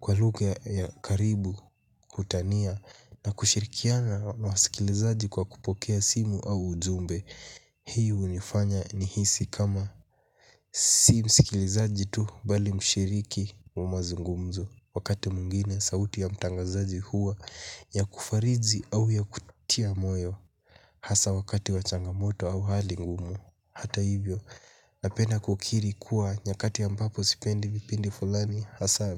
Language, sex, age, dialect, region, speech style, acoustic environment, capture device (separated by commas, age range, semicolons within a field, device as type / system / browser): Swahili, male, 18-24, Kenya - Mombasa, Kenya, happy, nature, mobile / Linux / Chrome